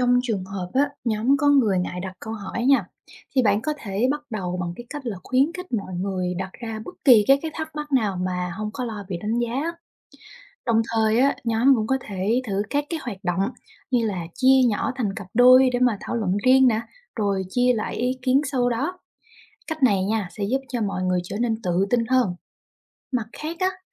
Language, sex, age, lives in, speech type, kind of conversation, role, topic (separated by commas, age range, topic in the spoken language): Vietnamese, female, 25-29, Vietnam, read, expert-assistant, expert, Tác dụng của việc đặt câu hỏi khi học